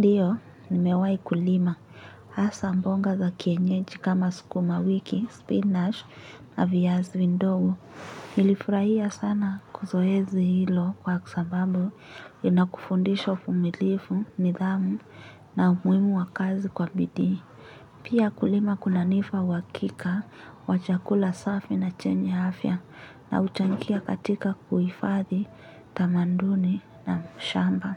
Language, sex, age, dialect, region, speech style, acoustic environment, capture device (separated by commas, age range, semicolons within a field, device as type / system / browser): Swahili, female, 18-24, Kenya - Nairobi Sheng-influenced, Kenya, anxious, nature, mobile / Linux / Chrome